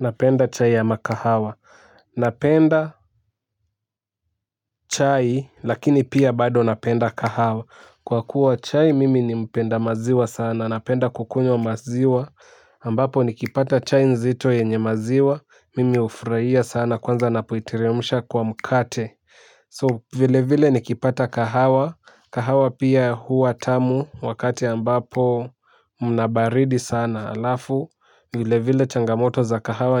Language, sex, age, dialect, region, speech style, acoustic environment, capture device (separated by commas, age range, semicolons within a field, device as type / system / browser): Swahili, male, 18-24, Kenya - Nairobi Sheng-influenced, Kenya, excited, music; nature; people talking, mobile / Linux / Chrome